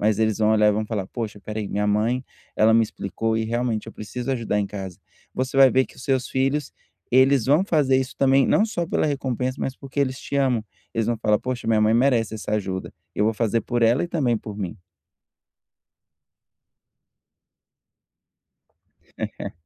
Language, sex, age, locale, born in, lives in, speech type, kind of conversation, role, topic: Portuguese, male, 30-34, pt-BR, Brazil, United States, conversation, advice, advisor, Como posso comunicar meus limites à minha família sem causar brigas?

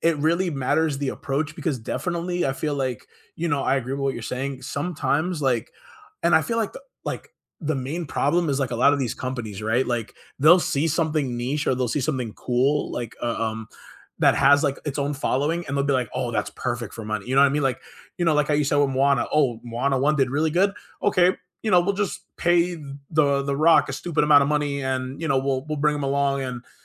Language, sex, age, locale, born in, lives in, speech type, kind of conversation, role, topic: English, male, 25-29, en-US, United States, United States, conversation, unstructured, none, Can movie remakes honor the original's spirit and artistry, or are they just cash-grabs that harm creativity?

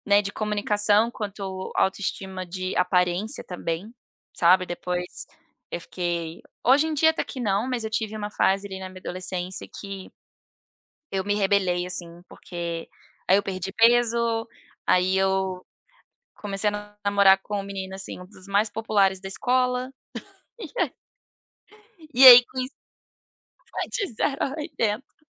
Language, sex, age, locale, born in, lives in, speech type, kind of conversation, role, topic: Portuguese, female, 30-34, pt-BR, Brazil, United States, conversation, podcast, guest, Tem alguma lembrança de infância que ainda te define?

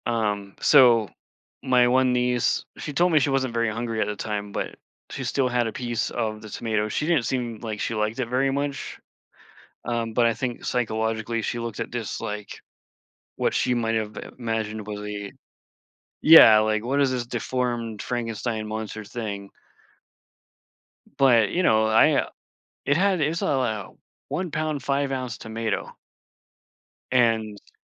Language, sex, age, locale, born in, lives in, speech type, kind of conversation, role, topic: English, male, 40-44, en-US, United States, United States, conversation, podcast, guest, How can trying new hobbies enrich our lives and connect us with others?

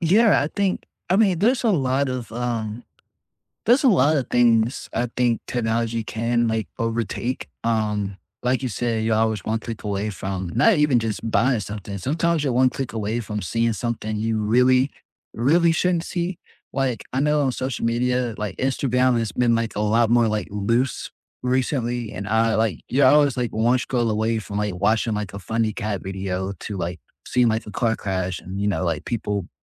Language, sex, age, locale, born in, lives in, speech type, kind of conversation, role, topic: English, male, 20-24, en-US, United States, United States, conversation, unstructured, none, How has technology made your day-to-day life easier?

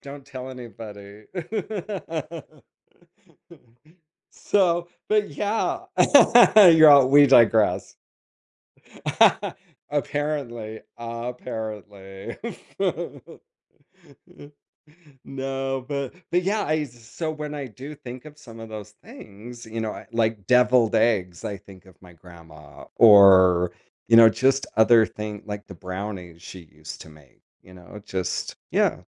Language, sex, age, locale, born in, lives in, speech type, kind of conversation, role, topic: English, male, 50-54, en-US, United States, United States, conversation, podcast, host, Why do certain foods bring back strong memories and emotions?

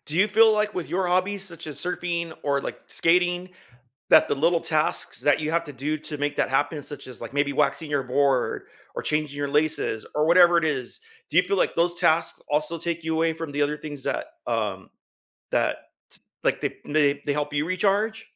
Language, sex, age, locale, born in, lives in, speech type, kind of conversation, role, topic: English, male, 50-54, en-US, United States, United States, conversation, unstructured, none, How do you recharge after a long, draining day, and what rituals help you feel restored?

